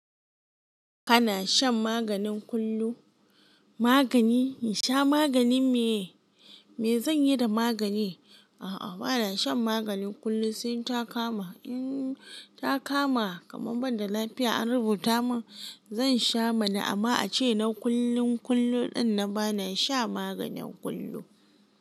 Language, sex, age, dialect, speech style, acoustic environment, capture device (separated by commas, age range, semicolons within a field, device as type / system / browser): Hausa, female, 18-24, Nigeria - Kano, happy, silence, mobile / Linux / Chrome